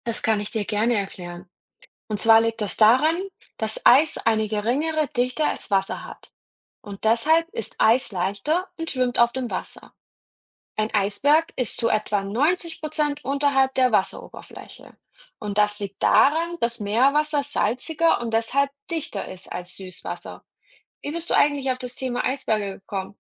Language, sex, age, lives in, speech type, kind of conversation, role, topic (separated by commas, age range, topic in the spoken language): German, female, 30-34, Germany, read, expert-assistant, expert, Warum schwimmen Eisberge?